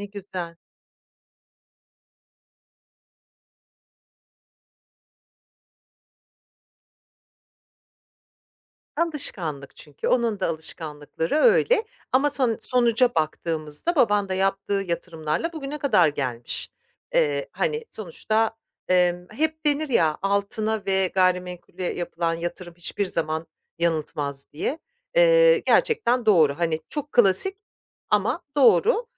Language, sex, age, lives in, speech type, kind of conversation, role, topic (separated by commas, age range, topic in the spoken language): Turkish, female, 45-49, France, conversation, advice, advisor, Zamana yatırım yapmak yerine kısa vadede para kazanmayı seçersem nelerden vazgeçmiş olurum?